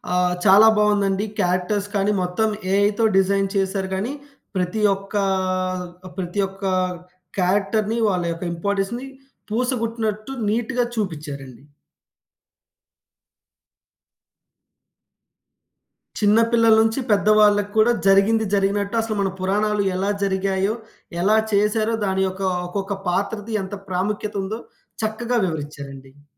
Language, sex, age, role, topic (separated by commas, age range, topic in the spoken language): Telugu, male, 20-24, guest, మనకు కొన్ని పాత్రలపై ప్రేమ ఎలా పుడుతుంది?